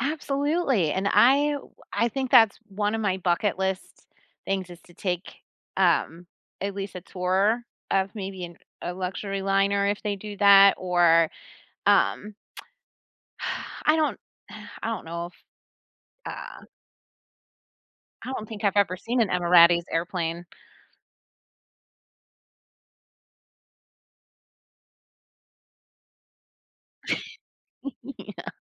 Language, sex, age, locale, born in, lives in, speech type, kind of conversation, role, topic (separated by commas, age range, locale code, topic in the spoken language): English, female, 40-44, en-US, United States, United States, conversation, podcast, guest, How have major inventions shaped the way we live today?